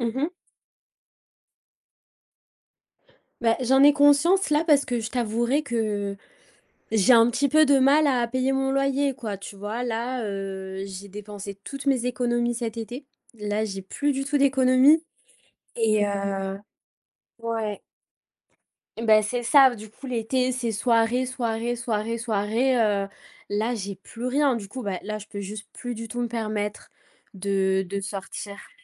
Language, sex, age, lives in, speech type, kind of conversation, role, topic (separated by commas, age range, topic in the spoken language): French, female, 25-29, France, conversation, advice, user, Pourquoi je n’arrive pas à respecter mon budget mensuel ?